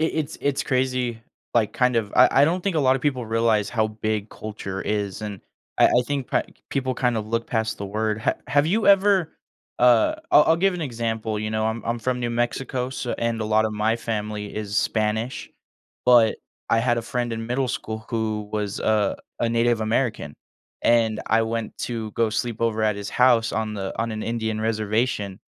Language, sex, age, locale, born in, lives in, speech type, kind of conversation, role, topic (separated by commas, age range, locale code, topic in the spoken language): English, male, 20-24, en-US, United States, United States, conversation, podcast, host, How does your cultural background influence your identity and relationships with others?